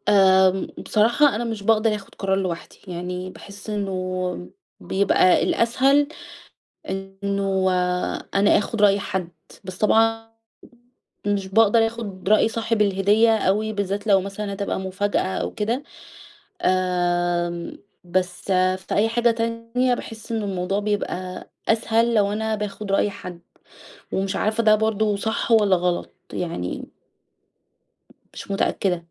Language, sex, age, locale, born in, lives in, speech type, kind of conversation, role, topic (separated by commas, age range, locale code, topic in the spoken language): Arabic, female, 30-34, ar-EG, Egypt, Egypt, conversation, advice, user, إزاي أتعامل مع إرهاق التسوّق واتخاذ القرارات من غير ما أتوتر؟